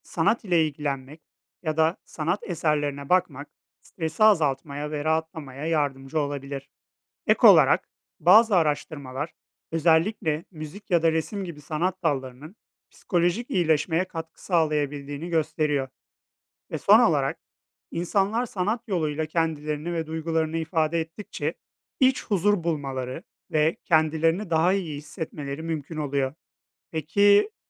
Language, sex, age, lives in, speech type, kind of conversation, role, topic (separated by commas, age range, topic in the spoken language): Turkish, male, 30-34, Poland, read, expert-assistant, expert, Sanatın insan yaşamındaki yeri nedir?